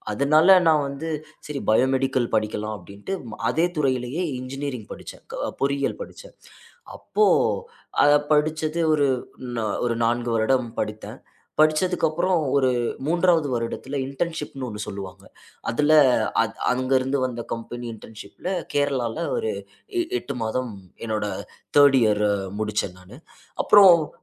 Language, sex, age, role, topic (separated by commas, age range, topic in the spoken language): Tamil, male, 25-29, guest, வேலை மாற்றத்தின் போது உங்கள் திறமைகளை புதுப்பித்து வளர்த்துக்கொள்ள நீங்கள் எந்த வழிகளைப் பயன்படுத்தினீர்கள்?